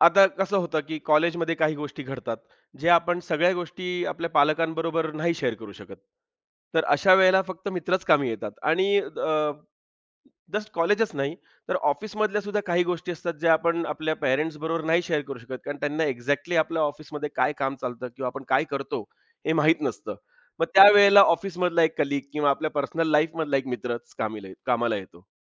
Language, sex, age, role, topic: Marathi, male, 35-39, guest, कठीण प्रसंगी तुम्ही कोणाला आधार मानता, आणि का?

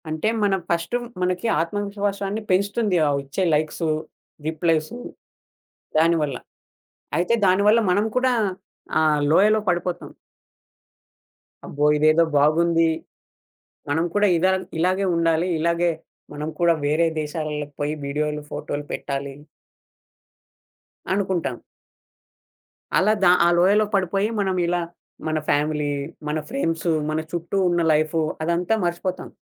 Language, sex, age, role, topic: Telugu, male, 20-24, guest, సోషల్ మీడియా నీ ఆత్మవిశ్వాసాన్ని ఎలా ప్రభావితం చేస్తుంది?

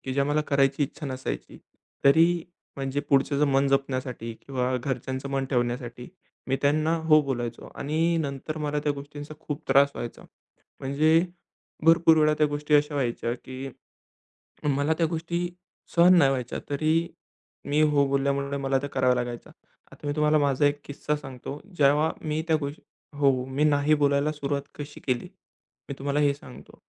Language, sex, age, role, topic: Marathi, male, 20-24, guest, तुम्ही स्वतःला व्यक्त करायला सुरुवात कशी करता?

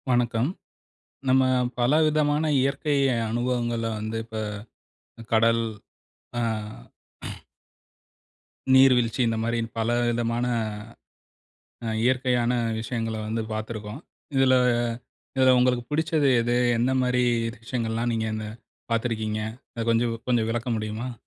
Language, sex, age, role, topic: Tamil, male, 40-44, host, உங்களுக்கு மிகவும் பிடித்த இயற்கைத் தருணம் எது?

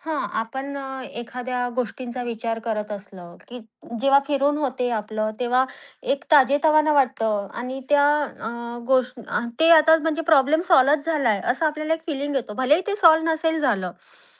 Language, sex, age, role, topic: Marathi, female, 30-34, guest, सकाळी निसर्गात चालताना तुमचं मन कसं बदलतं असं तुम्हाला वाटतं?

